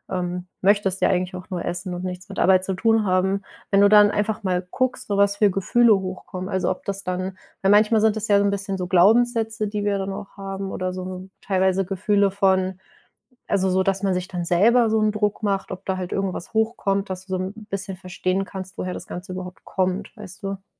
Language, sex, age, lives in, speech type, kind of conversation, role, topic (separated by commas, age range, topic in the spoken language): German, female, 25-29, Germany, conversation, advice, advisor, Wie kann ich während der Arbeit regelmäßig Pausen einbauen?